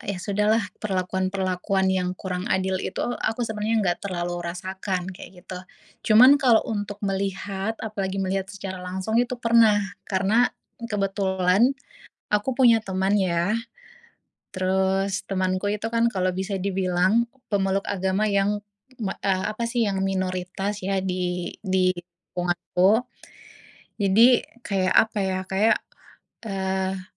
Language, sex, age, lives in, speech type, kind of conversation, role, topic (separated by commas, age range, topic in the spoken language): Indonesian, female, 25-29, Indonesia, conversation, unstructured, none, Apa yang kamu rasakan ketika mengalami diskriminasi berdasarkan agama?